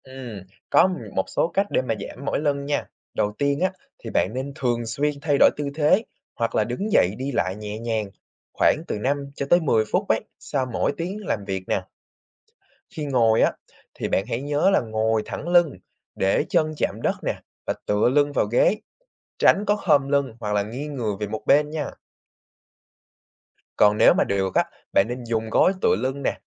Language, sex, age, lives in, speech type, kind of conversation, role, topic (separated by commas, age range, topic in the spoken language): Vietnamese, male, 20-24, Vietnam, read, expert-assistant, expert, Vì sao khi ngồi lâu một chỗ lại bị mỏi lưng?